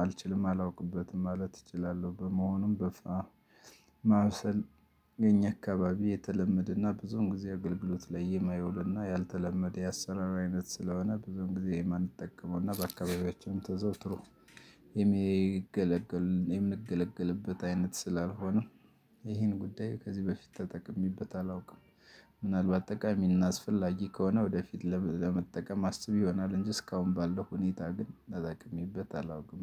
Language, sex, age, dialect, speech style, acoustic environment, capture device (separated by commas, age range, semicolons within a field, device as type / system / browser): Amharic, male, 35-44, Ethiopia - Gojjam, happy, nature, desktop / Linux / Chrome